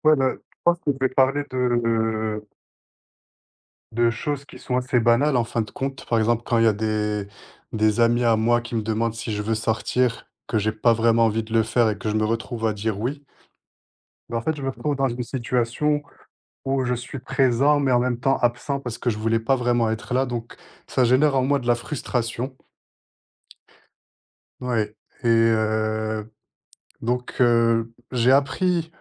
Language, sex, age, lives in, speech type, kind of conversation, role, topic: French, male, 25-29, France, conversation, podcast, guest, Quel conseil donnerais-tu pour apprendre à dire non sans culpabiliser ?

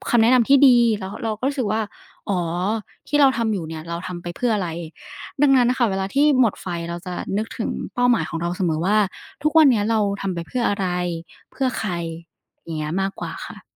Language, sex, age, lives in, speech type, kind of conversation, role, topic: Thai, female, 20-24, Thailand, conversation, podcast, guest, คุณค้นหาความสุขในการทำงานอย่างไรบ้าง?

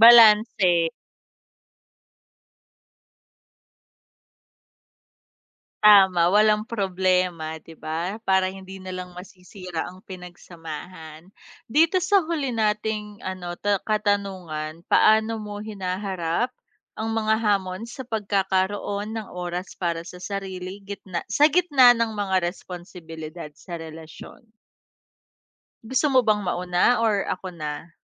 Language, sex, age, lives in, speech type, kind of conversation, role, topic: Filipino, female, 30-34, Philippines, conversation, unstructured, none, Paano mo pinahahalagahan ang oras para sa sarili habang nasa isang relasyon?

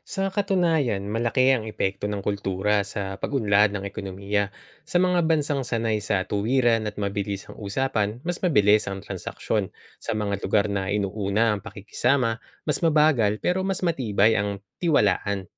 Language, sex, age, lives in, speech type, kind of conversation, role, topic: Filipino, male, 35-39, Philippines, read, expert-assistant, expert, Bakit may mga bansa na mabilis ang pag-unlad ng ekonomiya habang ang iba ay nananatiling mahirap? Ano ang papel ng kultura at panlipunang kaugalian sa prosesong ito?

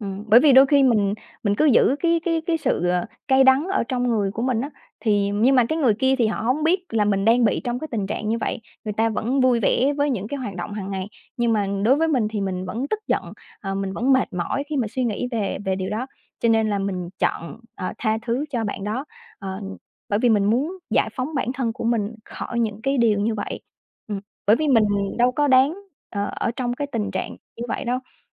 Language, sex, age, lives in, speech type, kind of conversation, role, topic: Vietnamese, female, 30-34, Vietnam, conversation, unstructured, none, Theo bạn, khi nào thì mình nên tha thứ cho người khác?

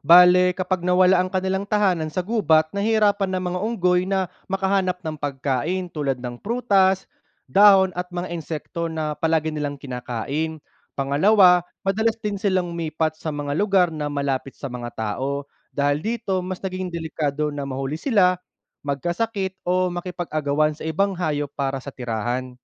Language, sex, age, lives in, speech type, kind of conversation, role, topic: Filipino, male, 30-34, Philippines, read, expert-assistant, expert, Ano ang mga epekto ng pagputol ng kagubatan sa mga hayop?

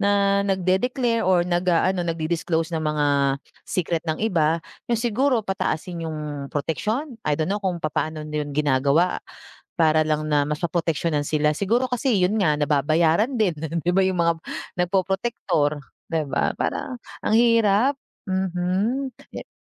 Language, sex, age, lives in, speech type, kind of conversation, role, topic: Filipino, female, 40-44, Philippines, conversation, unstructured, none, Paano mo ilalarawan ang epekto ng korapsyon sa ating lipunan?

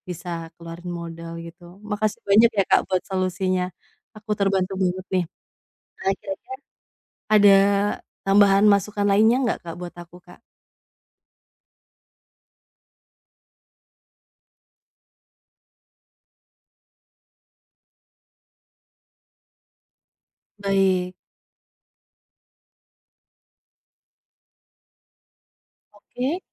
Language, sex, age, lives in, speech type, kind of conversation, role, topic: Indonesian, female, 25-29, Indonesia, conversation, advice, user, Mengapa saya takut memulai usaha sampingan karena khawatir kehilangan uang?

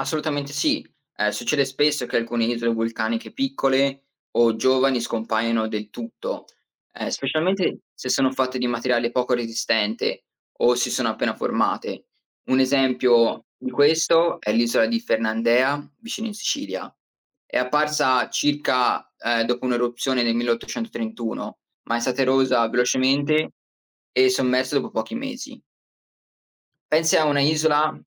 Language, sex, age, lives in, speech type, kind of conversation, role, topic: Italian, male, 20-24, United States, read, expert-assistant, expert, Come si formano le isole vulcaniche?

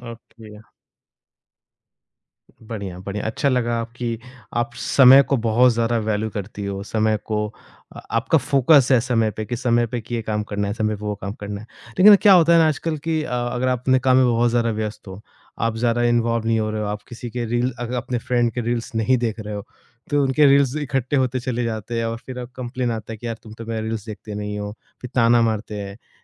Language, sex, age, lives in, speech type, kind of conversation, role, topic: Hindi, male, 25-29, India, conversation, podcast, host, Work aur personal digital life ke beech boundaries kaise set karte ho?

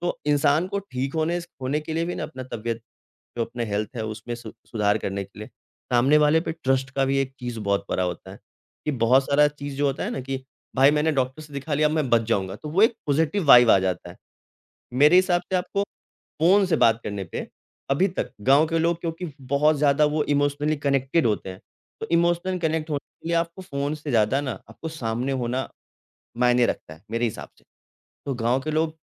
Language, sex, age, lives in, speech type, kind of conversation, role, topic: Hindi, male, 25-29, India, conversation, podcast, guest, Agar telemedicine aam ho jaaye, to gaon mein ilaaj tak pahunch par kya asar padega?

